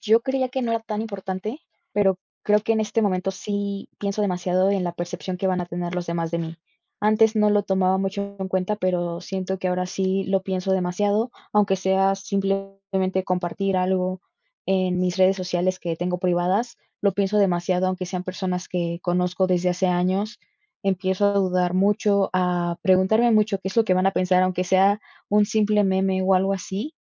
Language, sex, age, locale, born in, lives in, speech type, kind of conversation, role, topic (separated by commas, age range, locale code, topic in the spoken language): Spanish, female, 20-24, es-MX, Mexico, Mexico, conversation, advice, user, ¿Cómo te impide el miedo al juicio de los demás compartir o poner en práctica tus ideas?